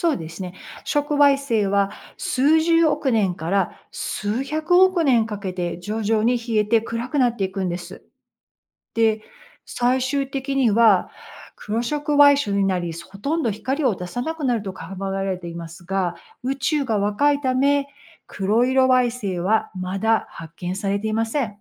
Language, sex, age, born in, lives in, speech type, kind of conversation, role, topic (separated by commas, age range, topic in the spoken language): Japanese, female, 55-59, United States, United States, read, expert-assistant, expert, 星は本当に“生まれて” “死ぬ”と聞きましたが、それはどうやって確かめられているのですか？